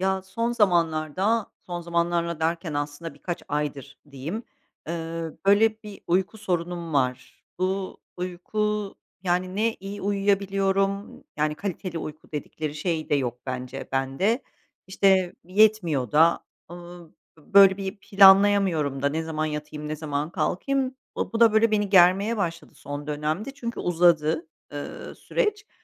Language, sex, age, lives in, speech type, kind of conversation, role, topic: Turkish, female, 45-49, Netherlands, conversation, advice, user, Yeterli ve kaliteli bir uyku düzeni oluşturmakta neden zorlanıyorum?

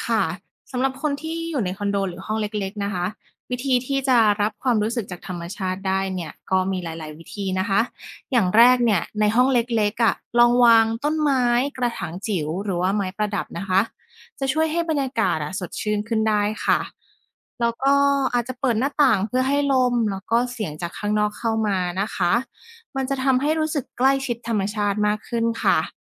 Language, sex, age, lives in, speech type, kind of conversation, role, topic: Thai, female, 30-34, Thailand, read, expert-assistant, expert, การออกไปสัมผัสธรรมชาติช่วยลดความเครียดได้อย่างไร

